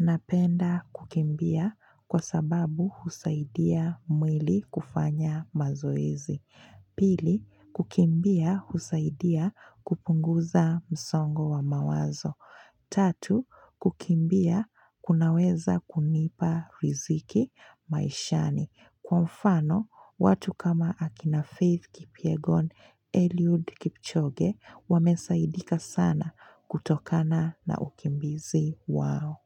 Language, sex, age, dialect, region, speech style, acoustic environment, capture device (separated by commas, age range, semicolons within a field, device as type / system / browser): Swahili, female, 25-34, Kenya - Mombasa, Kenya, focused, silence, mobile / Linux / Chrome